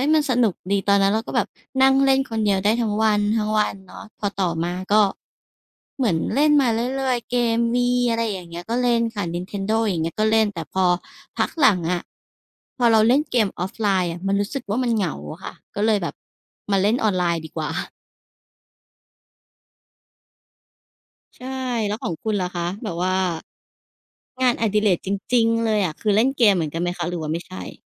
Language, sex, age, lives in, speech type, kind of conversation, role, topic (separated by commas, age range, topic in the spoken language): Thai, female, 35-39, Thailand, conversation, unstructured, none, งานอดิเรกอะไรที่ทำให้คุณรู้สึกผ่อนคลายและสนุกไปพร้อมกัน?